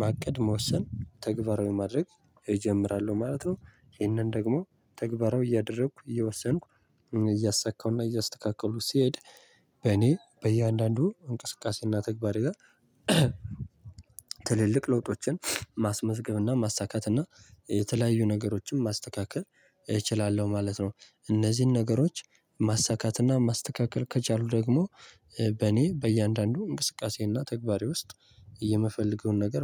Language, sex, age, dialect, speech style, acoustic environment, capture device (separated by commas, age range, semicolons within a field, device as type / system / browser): Amharic, male, 18-24, Ethiopia - Gojjam, tired, nature, mobile / Linux / Chrome